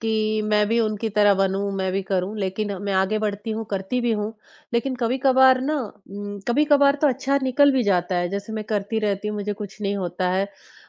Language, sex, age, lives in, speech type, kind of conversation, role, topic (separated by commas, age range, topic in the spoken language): Hindi, female, 20-24, India, conversation, advice, user, खुद को बार-बार छोटा समझने और नकारात्मक सोच से आप कैसे निपटते हैं?